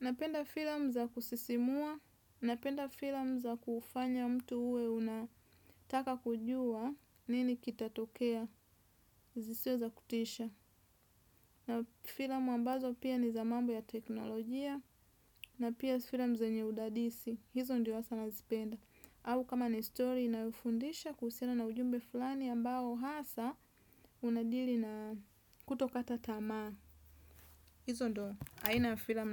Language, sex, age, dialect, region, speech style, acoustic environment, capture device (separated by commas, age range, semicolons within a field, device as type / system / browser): Swahili, female, 25-34, Tanzania - Dar es Salaam, Tanzania, relaxed, nature; silence; appliances, desktop / Windows / Chrome